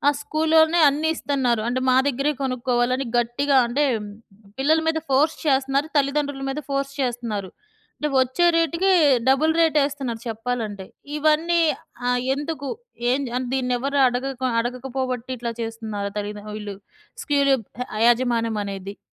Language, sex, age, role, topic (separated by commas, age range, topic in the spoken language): Telugu, female, 40-44, host, ఆర్థిక ఇబ్బందులు ఉన్న విద్యార్థులకు ప్రభావవంతంగా సహాయం ఎలా అందించాలి?